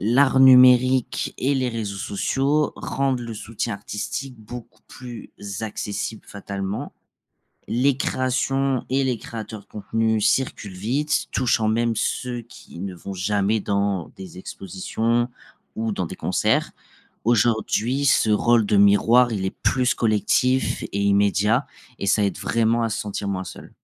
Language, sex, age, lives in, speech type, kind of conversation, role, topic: French, male, 25-29, France, read, expert-assistant, expert, Pourquoi l’art émeut-il différemment chaque personne ?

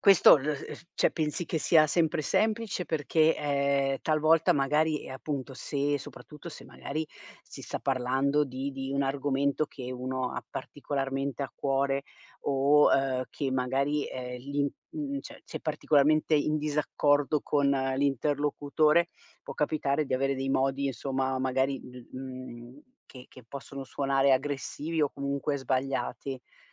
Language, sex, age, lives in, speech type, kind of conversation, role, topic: Italian, female, 50-54, Italy, conversation, podcast, host, Che significa per te essere autentico quando ti esprimi?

